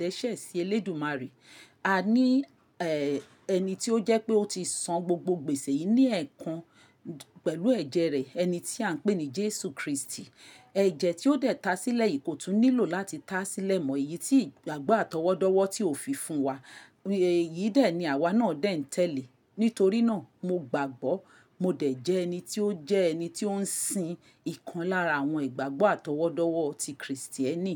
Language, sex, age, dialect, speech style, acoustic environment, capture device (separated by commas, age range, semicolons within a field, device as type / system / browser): Yoruba, female, 45-54, Nigeria - Lagos Yoruba, happy, silence, mobile / Linux / Chrome